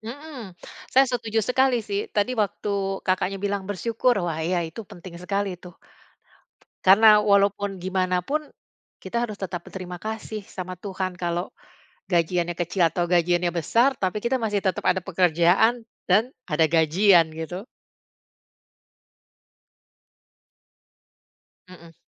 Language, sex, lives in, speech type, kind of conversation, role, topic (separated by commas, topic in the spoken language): Indonesian, female, Netherlands, conversation, unstructured, none, Bagaimana kamu mengatur pengeluaran saat gaji pas-pasan?